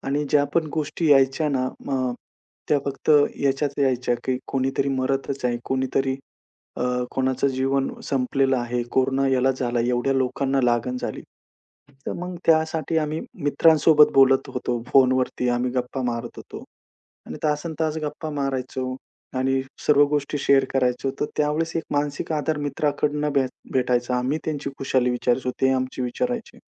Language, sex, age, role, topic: Marathi, male, 35-39, guest, अडचणी असताना कुटुंबाला कसे सांभाळता?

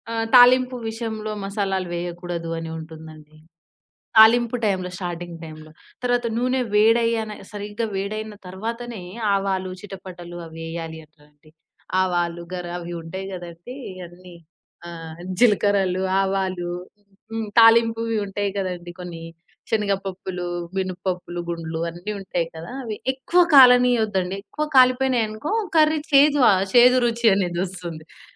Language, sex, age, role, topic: Telugu, female, 20-24, guest, ఎలాగైనా వంటకాన్ని రుచిగా మార్చే సులభమైన చిన్న చిట్కా ఏమిటి?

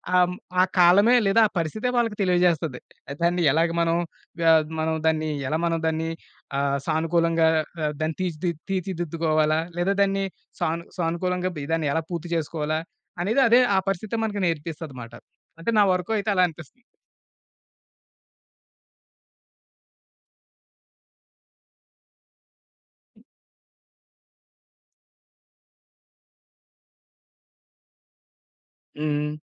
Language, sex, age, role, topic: Telugu, male, 30-34, guest, పక్కనివారితో చిన్న సంభాషణ మొదలుపెట్టుకోవడం ఎందుకు ముఖ్యం అనిపిస్తుంది?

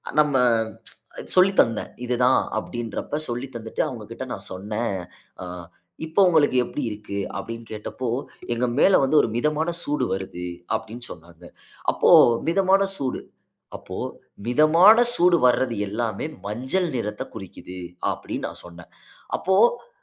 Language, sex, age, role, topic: Tamil, male, 25-29, guest, குழந்தைகளுக்கு இயற்கையோடு இணைந்து எளிமையாக வாழ்வதை எப்படி கற்பிப்பீர்கள்?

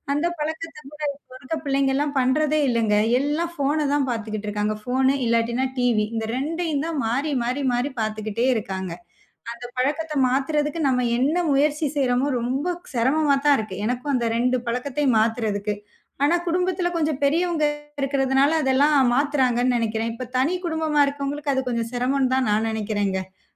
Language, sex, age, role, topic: Tamil, female, 30-34, guest, பிள்ளைகளுக்கு குடும்ப வரலாற்றைச் சொல்ல நீங்கள் எந்த வழியைப் பயன்படுத்துகிறீர்கள்?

